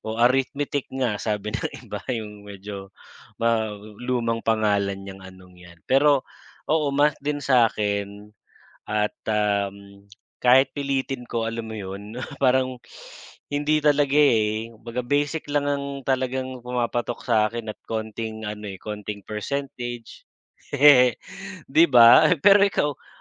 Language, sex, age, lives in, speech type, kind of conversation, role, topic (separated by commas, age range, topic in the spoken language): Filipino, male, 40-44, Philippines, conversation, unstructured, none, Anong asignatura ang pinakamahirap para sa iyo, at bakit?